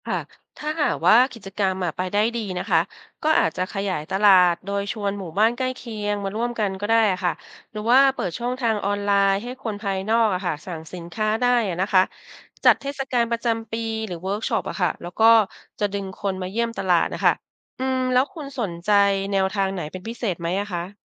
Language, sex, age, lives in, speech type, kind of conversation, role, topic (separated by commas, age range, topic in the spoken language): Thai, female, 50-54, Thailand, read, expert-assistant, expert, ถ้าอยากวางแผนช่วยหมู่บ้านให้มีรายได้ดีขึ้น จะเริ่มจัดการจากตรงไหนก่อนดี?